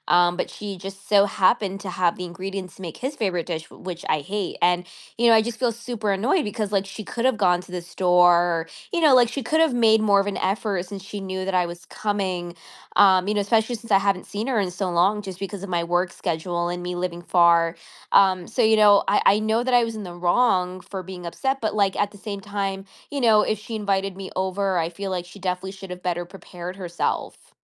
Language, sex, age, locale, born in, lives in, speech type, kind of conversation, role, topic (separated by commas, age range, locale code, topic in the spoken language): English, female, 25-29, en-US, United States, United States, conversation, advice, user, How do I apologize and rebuild trust after saying something I regret in an argument?